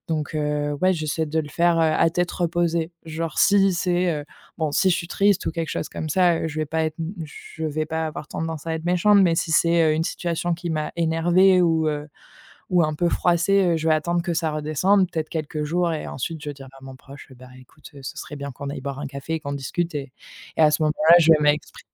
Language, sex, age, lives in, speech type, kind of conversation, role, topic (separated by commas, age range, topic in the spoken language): French, female, 25-29, France, conversation, podcast, guest, Comment poses-tu des limites avec tes proches ?